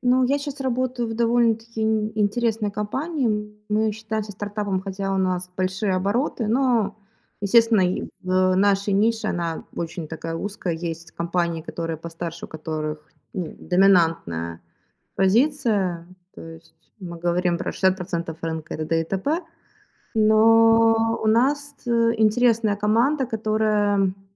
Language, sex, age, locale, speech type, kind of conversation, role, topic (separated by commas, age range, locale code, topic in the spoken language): Russian, female, 25-29, ru-RU, conversation, podcast, guest, Можно ли одновременно быть наставником и другом?